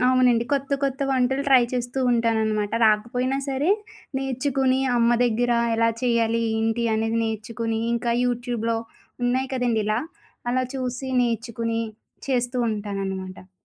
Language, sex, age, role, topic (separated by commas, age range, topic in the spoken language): Telugu, female, 25-29, guest, బాధలో ఉన్న వ్యక్తిని సంతోషపెట్టడానికి మీరు ఇంట్లో చేసిన ఏ వంటకం ఇస్తారు?